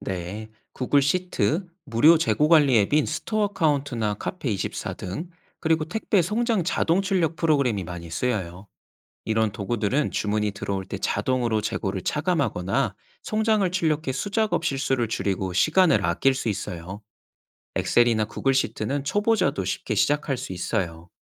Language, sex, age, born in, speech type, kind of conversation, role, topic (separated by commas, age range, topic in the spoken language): Korean, male, 30-34, South Korea, read, expert-assistant, expert, 내가 좋아하는 일을 창업 아이디어로 발전시키려면 어떤 방법이 있을까요?